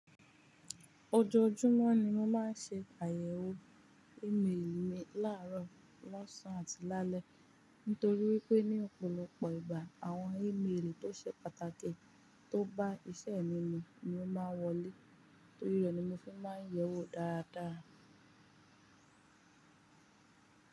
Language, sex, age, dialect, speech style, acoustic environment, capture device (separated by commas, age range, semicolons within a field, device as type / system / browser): Yoruba, female, 18-24, Nigeria - Ibadan, relaxed; focused, silence; nature, mobile / Linux / Chrome